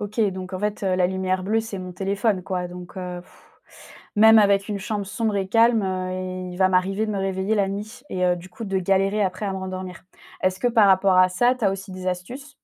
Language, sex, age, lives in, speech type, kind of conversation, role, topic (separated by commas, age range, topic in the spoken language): French, female, 25-29, France, read, expert-assistant, asker, Quelles petites habitudes pourrions-nous essayer pour mieux dormir, même quand la vie est bien remplie ?